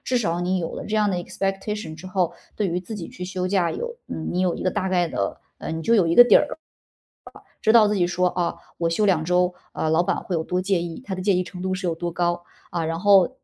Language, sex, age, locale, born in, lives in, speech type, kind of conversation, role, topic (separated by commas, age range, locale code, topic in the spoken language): Chinese, female, 35-39, zh-CN, China, Germany, conversation, advice, advisor, 我担心休息一段时间会落后或被替代，该如何缓解对职业前途的焦虑？